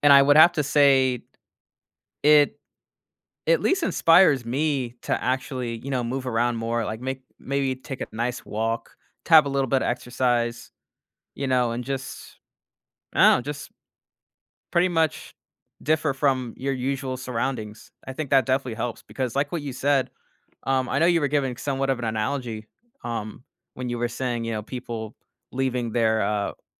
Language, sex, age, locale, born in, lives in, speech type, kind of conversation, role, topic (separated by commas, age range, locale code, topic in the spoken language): English, male, 25-29, en-US, United States, United States, conversation, podcast, guest, How does spending time in nature affect our well-being and daily lives?